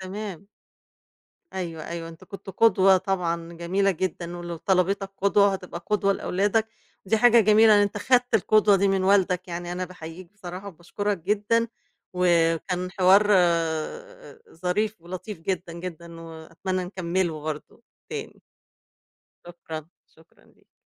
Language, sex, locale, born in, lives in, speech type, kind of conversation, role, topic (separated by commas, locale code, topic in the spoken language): Arabic, female, ar-EG, Egypt, Egypt, conversation, podcast, host, إزاي تتعامل مع ضغط توقعات العيلة في الدراسة؟